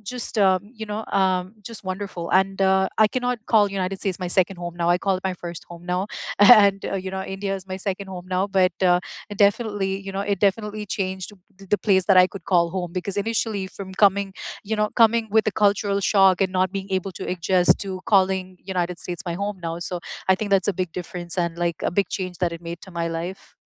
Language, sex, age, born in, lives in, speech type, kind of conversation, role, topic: English, female, 35-39, United States, United States, conversation, unstructured, none, What small chance did you take that paid off, and how did it change you?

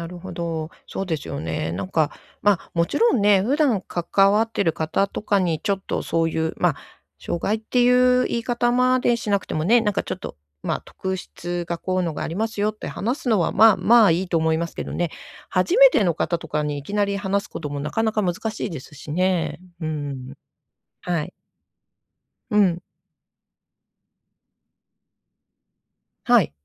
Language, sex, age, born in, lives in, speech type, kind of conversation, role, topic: Japanese, female, 55-59, Japan, Japan, conversation, advice, advisor, 障害を少しずつ乗り越えるには、どうすればいいですか？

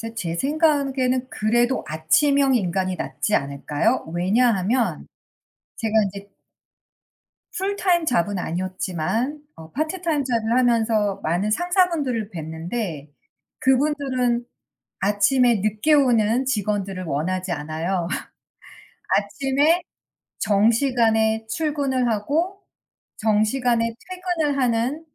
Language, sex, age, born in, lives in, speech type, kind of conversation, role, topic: Korean, female, 45-49, United States, United States, conversation, unstructured, none, 아침형 인간과 저녁형 인간 중 어느 쪽이 더 생산적이라고 생각하시나요?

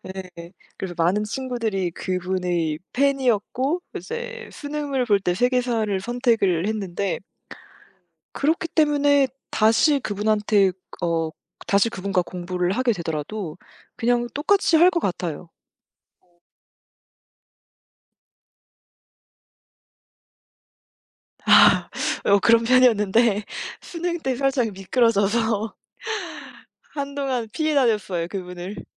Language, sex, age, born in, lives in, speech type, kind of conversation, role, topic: Korean, female, 25-29, South Korea, France, conversation, unstructured, none, 학교에서 가장 기억에 남는 수업은 무엇인가요?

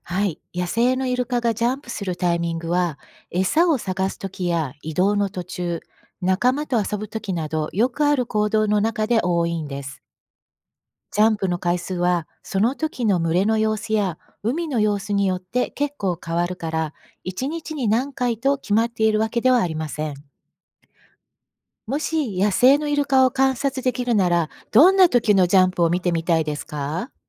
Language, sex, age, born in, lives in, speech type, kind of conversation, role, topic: Japanese, female, 55-59, Japan, United States, read, expert-assistant, expert, なぜイルカはジャンプするのか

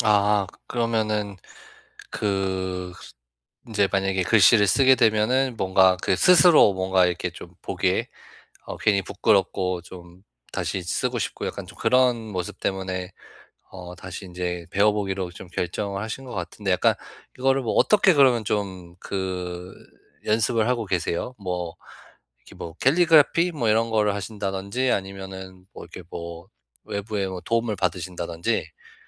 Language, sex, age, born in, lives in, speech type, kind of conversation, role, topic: Korean, male, 35-39, United States, United States, conversation, advice, advisor, 작은 습관으로 실력을 꾸준히 향상시키려면 어떻게 해야 하나요?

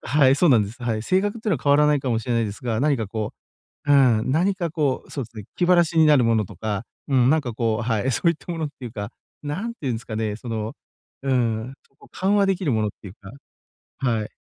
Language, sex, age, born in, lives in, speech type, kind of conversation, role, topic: Japanese, male, 40-44, Japan, Japan, conversation, advice, user, 完璧主義と進捗をどのように両立できますか？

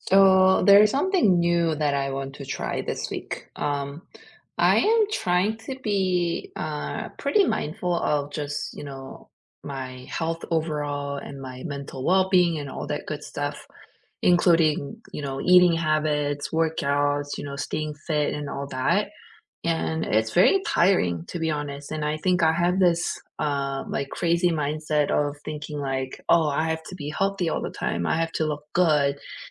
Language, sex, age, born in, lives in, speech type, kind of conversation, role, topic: English, female, 40-44, South Korea, United States, conversation, unstructured, none, What is one small wellness goal you can try this week to feel more connected?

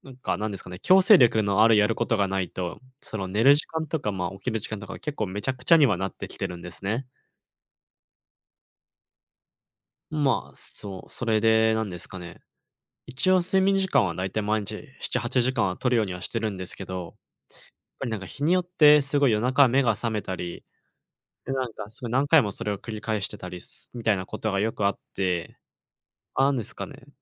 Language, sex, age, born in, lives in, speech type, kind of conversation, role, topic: Japanese, male, 20-24, Japan, Japan, conversation, advice, user, 寝つきが悪く、夜中に何度も目が覚めるのはなぜですか？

